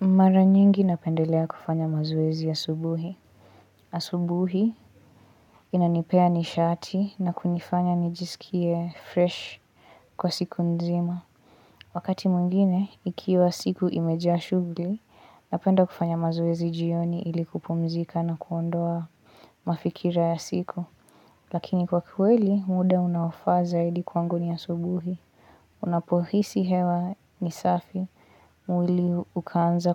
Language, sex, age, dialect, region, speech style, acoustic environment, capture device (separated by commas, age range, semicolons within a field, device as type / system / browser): Swahili, female, 18-24, Kenya - Mombasa, Kenya, focused, silence, mobile / Linux / Chrome